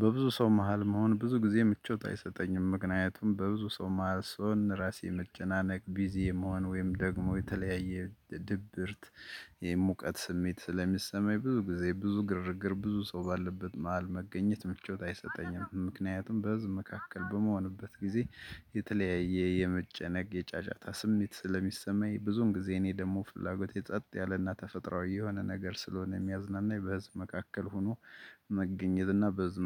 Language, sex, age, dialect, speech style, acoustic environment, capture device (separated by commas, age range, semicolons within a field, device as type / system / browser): Amharic, male, 35-44, Ethiopia - Gojjam, excited; focused; happy; relaxed, nature, desktop / Linux / Chrome